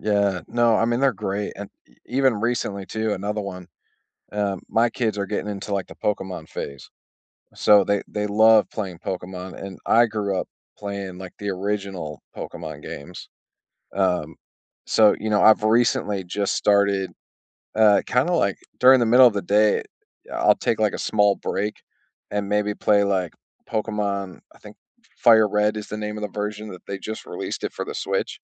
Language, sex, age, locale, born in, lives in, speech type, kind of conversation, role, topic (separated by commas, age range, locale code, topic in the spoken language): English, male, 35-39, en-US, United States, United States, conversation, unstructured, none, What small joys brighten your week?